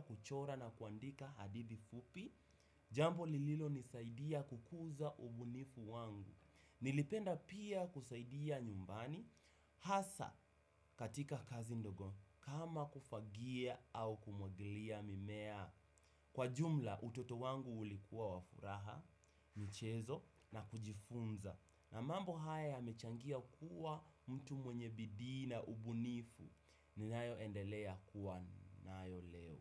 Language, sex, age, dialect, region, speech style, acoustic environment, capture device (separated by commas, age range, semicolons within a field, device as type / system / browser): Swahili, male, 18-24, Kenya - Nairobi Sheng-influenced, Kenya, happy; relaxed; focused; excited, people talking; music; nature; silence; appliances; construction; traffic, desktop / Windows / Chrome